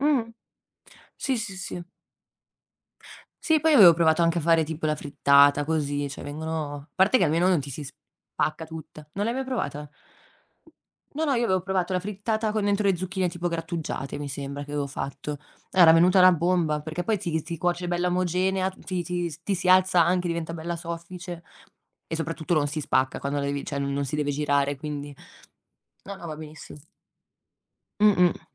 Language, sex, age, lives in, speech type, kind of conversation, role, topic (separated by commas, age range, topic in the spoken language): Italian, female, 25-29, Italy, conversation, unstructured, none, Qual è il piatto che ti rende più felice quando lo mangi?